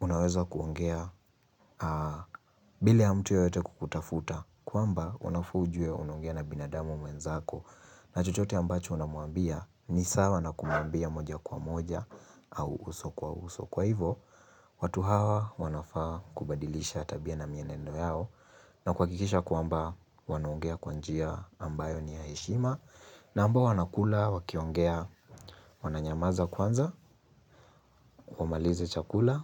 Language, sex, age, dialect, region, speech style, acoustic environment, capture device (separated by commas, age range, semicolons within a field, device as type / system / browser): Swahili, male, 18-24, Kenya - Nairobi Sheng-influenced, Kenya, happy, silence, mobile / Linux / Chrome